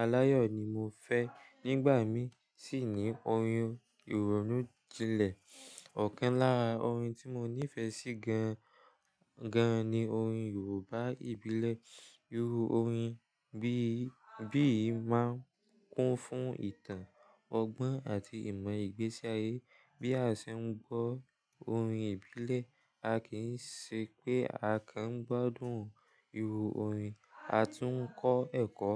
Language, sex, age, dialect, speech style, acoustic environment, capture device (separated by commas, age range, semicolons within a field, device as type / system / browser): Yoruba, male, 18-24, Nigeria - Lagos Yoruba, relaxed, nature; people talking, mobile / Linux / Chrome